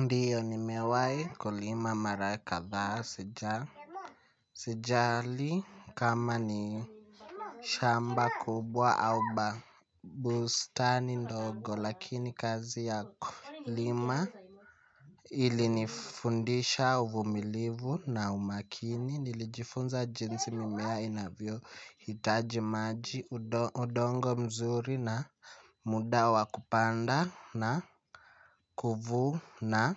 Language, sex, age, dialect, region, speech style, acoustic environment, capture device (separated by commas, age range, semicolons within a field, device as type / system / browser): Swahili, male, 18-24, Kenya - Nairobi Sheng-influenced, Kenya, happy; nervous; excited, people talking; music; silence; appliances, mobile / Linux / Chrome